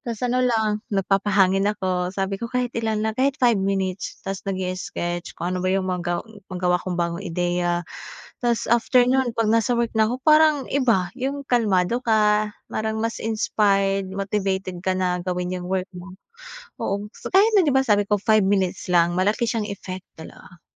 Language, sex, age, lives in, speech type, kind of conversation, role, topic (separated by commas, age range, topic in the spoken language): Filipino, female, 25-29, Philippines, conversation, podcast, guest, Paano mo binabalanse ang hilig mo at ang trabaho o pag-aaral mo?